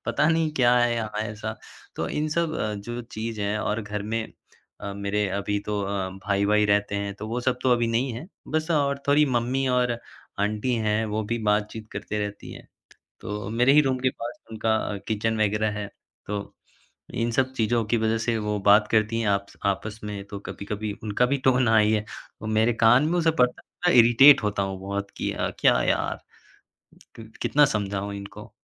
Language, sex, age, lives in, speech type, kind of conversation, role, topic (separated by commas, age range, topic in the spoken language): Hindi, male, 20-24, India, conversation, advice, user, घर में बार-बार ध्यान भंग होने और ध्यान केंद्रित न कर पाने का कारण क्या है?